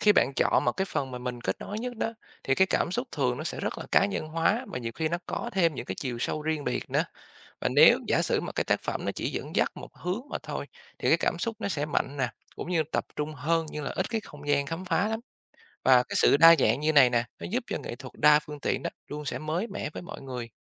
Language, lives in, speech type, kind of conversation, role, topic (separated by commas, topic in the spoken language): Vietnamese, Vietnam, read, expert-assistant, expert, So sánh giữa nhiếp ảnh nghệ thuật và hội họa: Phương tiện nào truyền tải cảm xúc sâu sắc hơn?